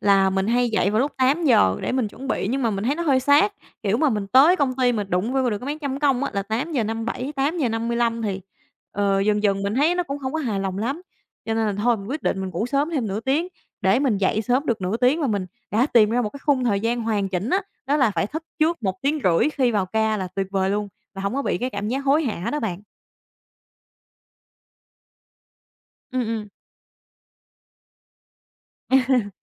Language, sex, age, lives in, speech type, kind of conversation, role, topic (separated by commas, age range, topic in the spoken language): Vietnamese, female, 25-29, Vietnam, conversation, podcast, guest, Bạn có mẹo nào để tránh căng thẳng vào buổi sáng sớm không?